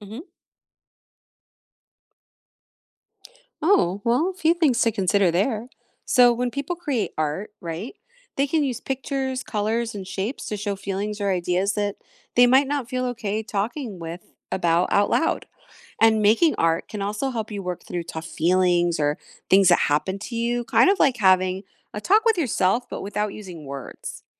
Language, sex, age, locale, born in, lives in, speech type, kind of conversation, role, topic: English, female, 40-44, en-US, United States, United States, read, expert-assistant, expert, How can creating art help you express yourself?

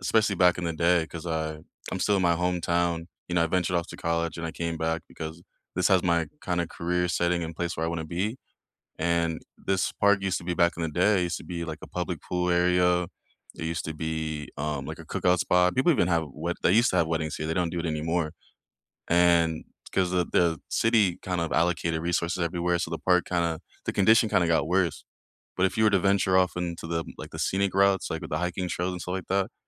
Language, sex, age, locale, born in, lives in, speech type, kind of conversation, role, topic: English, male, 25-29, en-US, United States, United States, conversation, unstructured, none, What is your favorite nearby nature escape, and why does it recharge you?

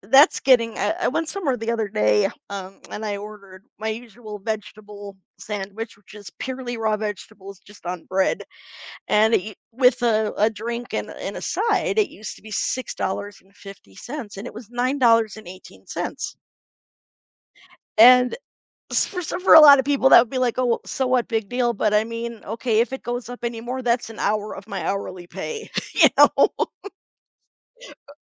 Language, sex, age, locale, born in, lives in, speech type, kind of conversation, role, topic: English, female, 45-49, en-US, United States, United States, conversation, unstructured, none, Do you prefer cooking at home or dining out, and why?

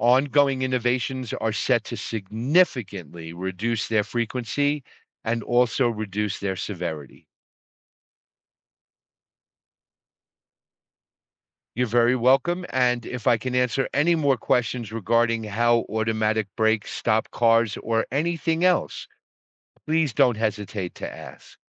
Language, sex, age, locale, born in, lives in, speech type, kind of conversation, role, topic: English, male, 55-59, en-US, United States, United States, read, expert-assistant, expert, How do automatic brakes stop cars?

